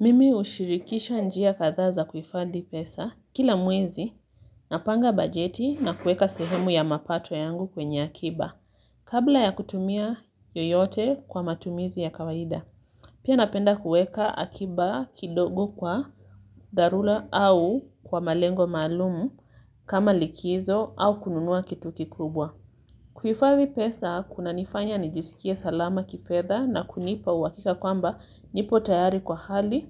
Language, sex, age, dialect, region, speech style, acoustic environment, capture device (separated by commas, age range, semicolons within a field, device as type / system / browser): Swahili, female, 25-34, Kenya - Mombasa, Kenya, relaxed; focused, people talking, mobile / Linux / Chrome